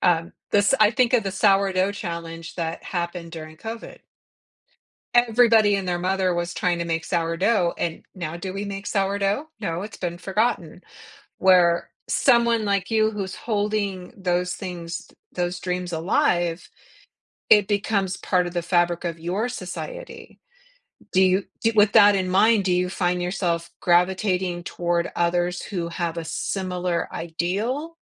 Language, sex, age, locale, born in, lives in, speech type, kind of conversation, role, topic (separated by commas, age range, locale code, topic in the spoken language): English, female, 55-59, en-US, United States, United States, conversation, podcast, host, How do your hopes and ambitions shape the way you plan for the future?